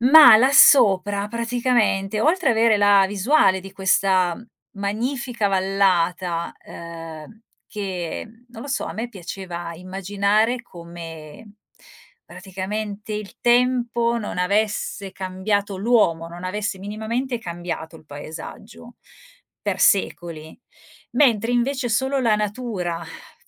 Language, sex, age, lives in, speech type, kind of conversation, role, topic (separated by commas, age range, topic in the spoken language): Italian, female, 45-49, Italy, conversation, podcast, guest, Qual è il paesaggio naturale che ti ha lasciato senza fiato?